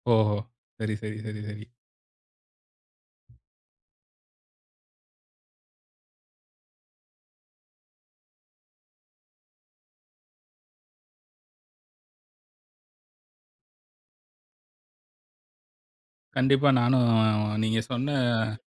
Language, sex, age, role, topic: Tamil, male, 40-44, host, உங்களுக்கு மிகவும் பிடித்த இயற்கைத் தருணம் எது?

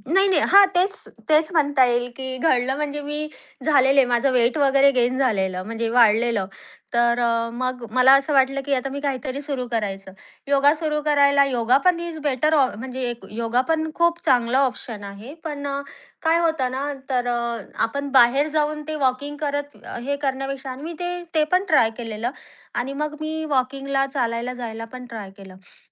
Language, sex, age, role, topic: Marathi, female, 30-34, guest, सकाळी निसर्गात चालताना तुमचं मन कसं बदलतं असं तुम्हाला वाटतं?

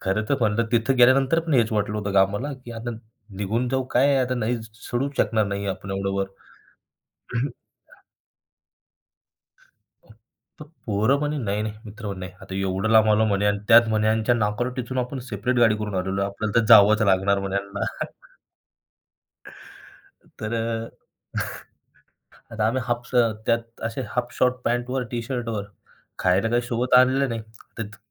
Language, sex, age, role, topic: Marathi, male, 25-29, guest, कधी मित्रांसोबत केलेल्या एखाद्या साहसाची गोष्ट सांगशील का?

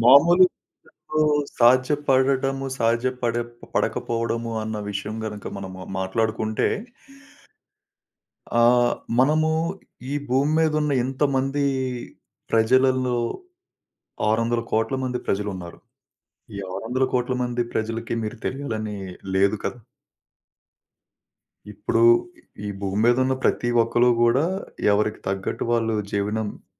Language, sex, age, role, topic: Telugu, male, 30-34, guest, ఏ అనుభవం మీ దృష్టికోణాన్ని మార్చింది?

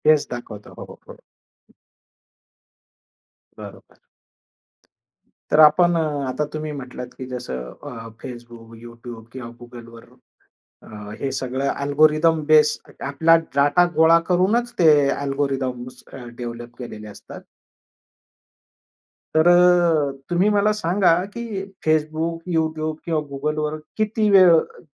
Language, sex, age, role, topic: Marathi, male, 45-49, host, अल्गोरिदम आपण काय पाहतो ते कसे ठरवतात?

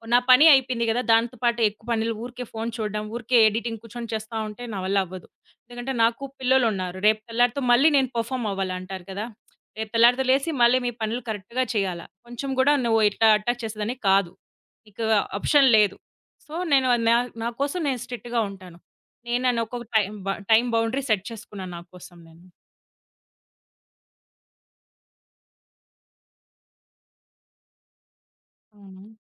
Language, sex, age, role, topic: Telugu, female, 30-34, guest, రాత్రి ఫోన్ వాడకం మీ నిద్రను ఎలా ప్రభావితం చేసింది?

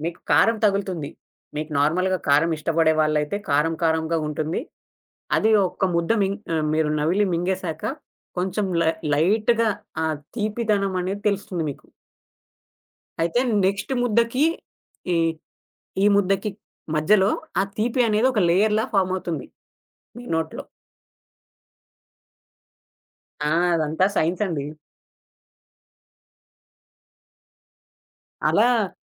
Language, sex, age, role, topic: Telugu, male, 20-24, guest, ఏ మసాలా కలయిక నీకు తప్పనిసరిగా కావాలి అనిపిస్తుంది?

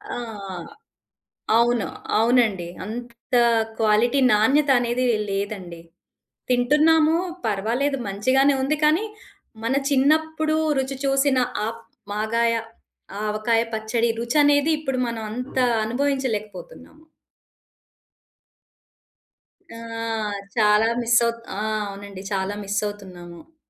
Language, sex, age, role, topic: Telugu, female, 40-44, guest, మీ వంశంలో తరతరాలుగా వచ్చే వంటల్లో మీకు అత్యంత ఇష్టమైన వంటకం ఏది?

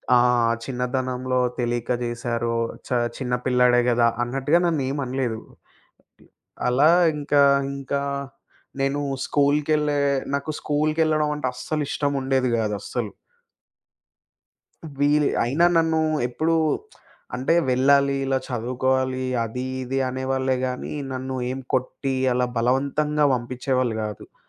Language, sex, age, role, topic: Telugu, male, 25-29, guest, మీ ఇంట్లో ప్రేమను ఎలా చూపించేవారు?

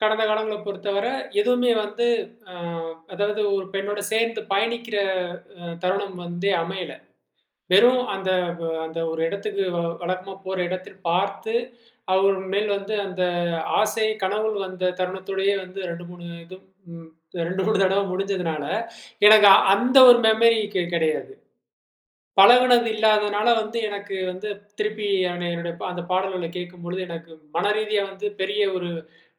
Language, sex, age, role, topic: Tamil, male, 35-39, guest, பிரிவு அனுபவங்களும் சந்தோஷமான தருணங்களும் உங்கள் இசையை மாற்றியதா?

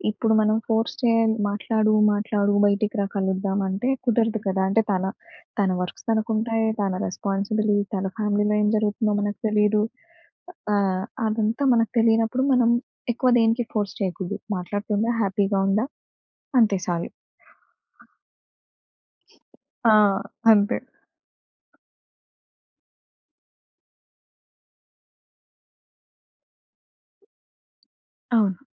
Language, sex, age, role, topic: Telugu, female, 25-29, guest, స్నేహితులతో చాలా దూరం ఏర్పడితే ఆ స్నేహాన్ని ఎలా కాపాడుకుంటారు?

